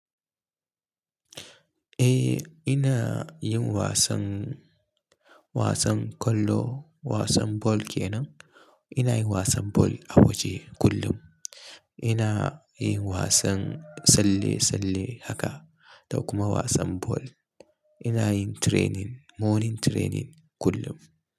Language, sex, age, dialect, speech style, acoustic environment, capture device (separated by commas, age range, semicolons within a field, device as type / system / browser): Hausa, male, 25-34, Nigeria - Kano, happy, silence, mobile / Linux / Chrome